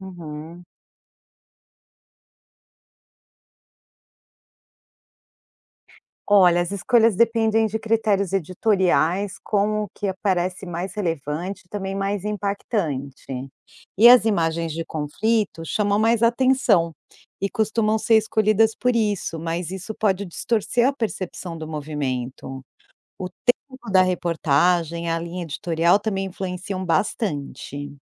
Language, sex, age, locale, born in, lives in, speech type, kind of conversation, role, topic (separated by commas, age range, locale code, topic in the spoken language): Portuguese, female, 45-49, pt-BR, Brazil, United States, read, expert-assistant, expert, Como reconhecer uma cobertura equilibrada de protestos na mídia?